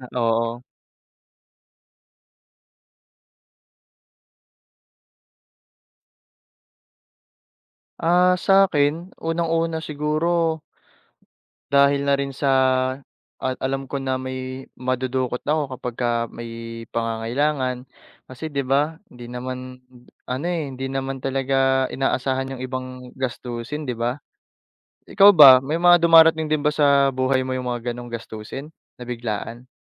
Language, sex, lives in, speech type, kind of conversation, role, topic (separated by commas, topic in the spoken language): Filipino, male, Philippines, conversation, unstructured, none, Ano-ano ang mga dahilan kung bakit nakapagpapasaya ang pagkakaroon ng ipon?